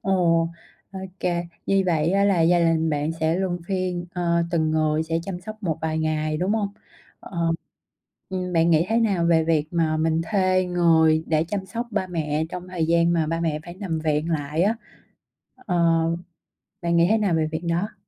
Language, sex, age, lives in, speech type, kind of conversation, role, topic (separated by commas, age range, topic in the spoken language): Vietnamese, female, 30-34, Vietnam, conversation, podcast, host, Khi cần chăm sóc bố mẹ già, bạn sẽ quyết định như thế nào?